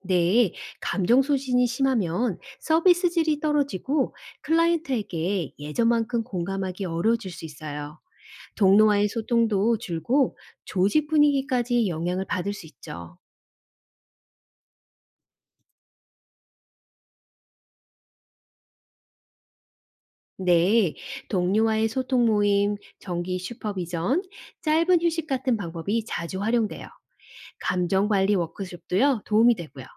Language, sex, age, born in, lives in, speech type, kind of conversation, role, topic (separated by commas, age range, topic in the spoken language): Korean, female, 45-49, South Korea, United States, read, expert-assistant, expert, 사회복지사에게 감정 소진이 많이 나타나는 이유는 무엇인가요?